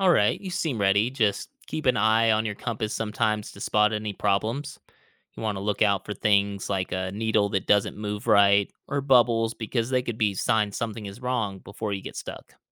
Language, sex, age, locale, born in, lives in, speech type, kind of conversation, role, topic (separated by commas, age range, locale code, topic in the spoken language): English, male, 35-39, en-US, United States, United States, read, expert-assistant, expert, How does a compass show direction?